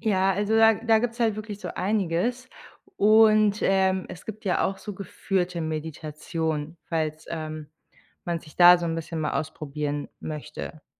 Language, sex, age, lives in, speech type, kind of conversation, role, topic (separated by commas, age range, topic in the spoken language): German, female, 30-34, Germany, conversation, advice, advisor, Wie kann ich eine tägliche Meditations- oder Achtsamkeitsroutine aufbauen?